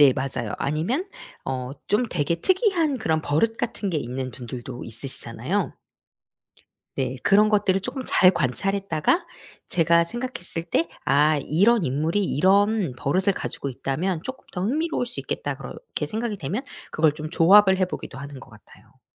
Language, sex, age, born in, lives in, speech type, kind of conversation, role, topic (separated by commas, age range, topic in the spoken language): Korean, female, 40-44, South Korea, South Korea, conversation, podcast, guest, 이야기를 쓸 때 인물은 어떻게 구상하시나요?